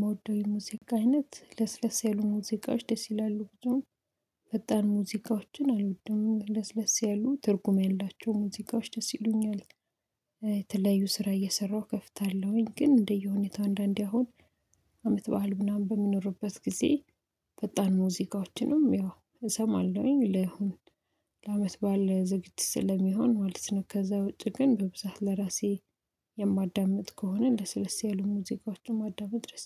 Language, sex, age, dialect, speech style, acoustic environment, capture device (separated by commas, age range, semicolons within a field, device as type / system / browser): Amharic, female, 25-34, Ethiopia - Addis Ababa, excited, silence, mobile / Linux / Chrome